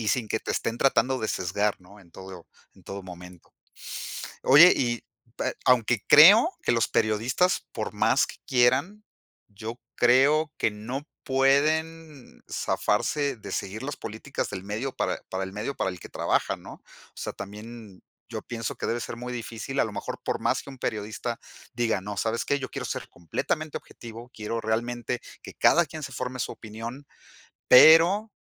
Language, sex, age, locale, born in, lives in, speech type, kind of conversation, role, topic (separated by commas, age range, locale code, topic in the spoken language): Spanish, male, 50-54, es-MX, Mexico, Mexico, conversation, unstructured, none, ¿Crees que los medios de comunicación son siempre imparciales?